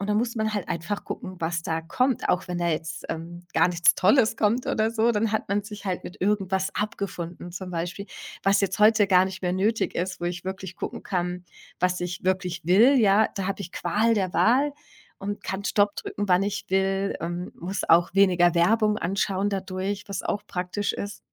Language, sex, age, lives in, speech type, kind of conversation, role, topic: German, female, 40-44, Germany, conversation, podcast, guest, Wie hat Streaming das Fernsehen deiner Meinung nach verändert?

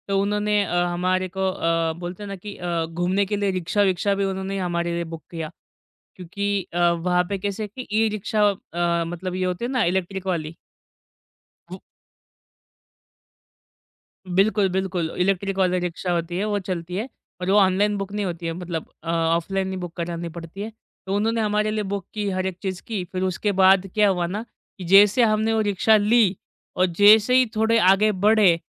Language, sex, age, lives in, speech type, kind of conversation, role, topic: Hindi, male, 25-29, India, conversation, podcast, guest, Travel ke dauran kya kabhi kisi local ne tumhari madad bilkul family ki tarah ki, aur woh experience kaisa tha?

